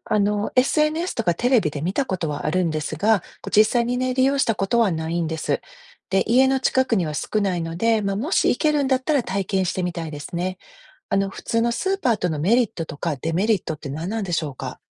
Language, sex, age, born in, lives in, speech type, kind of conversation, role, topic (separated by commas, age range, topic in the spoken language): Japanese, female, 50-54, Japan, United States, read, expert-assistant, asker, ネットやSNSで環境に関する情報を読むとき、信頼できる内容かどうかはどう判断していますか？